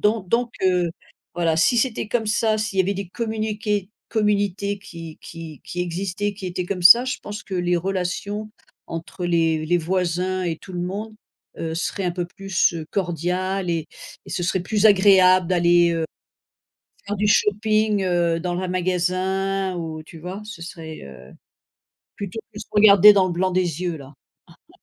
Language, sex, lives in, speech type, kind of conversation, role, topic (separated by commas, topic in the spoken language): French, female, United States, conversation, unstructured, none, Qu’est-ce qui te rend heureux dans tes relations ?